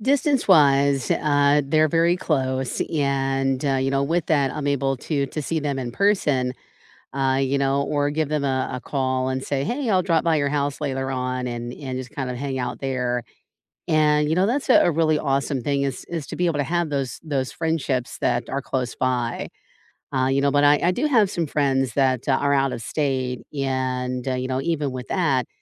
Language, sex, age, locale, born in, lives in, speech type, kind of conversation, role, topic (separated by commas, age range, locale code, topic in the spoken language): English, female, 45-49, en-US, United States, United States, conversation, unstructured, none, What do you think makes a friendship last over the years?